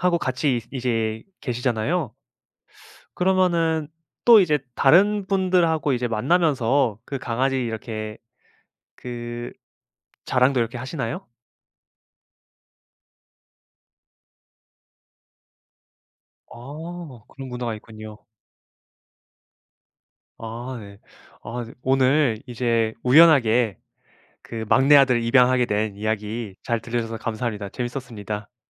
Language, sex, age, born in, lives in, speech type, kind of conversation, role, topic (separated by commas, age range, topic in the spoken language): Korean, male, 25-29, South Korea, Japan, conversation, podcast, host, 우연한 만남이 큰 변화를 만들어 준 적이 있나요?